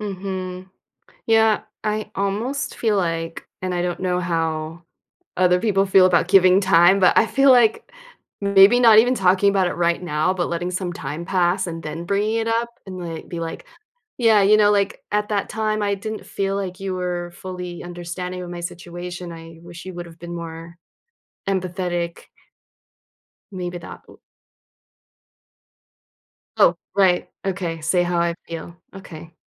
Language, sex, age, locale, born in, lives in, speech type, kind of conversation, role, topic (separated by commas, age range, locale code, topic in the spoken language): English, female, 40-44, en-US, United States, United States, conversation, advice, user, How can I talk to my close friend about our disagreement and rebuild our friendship?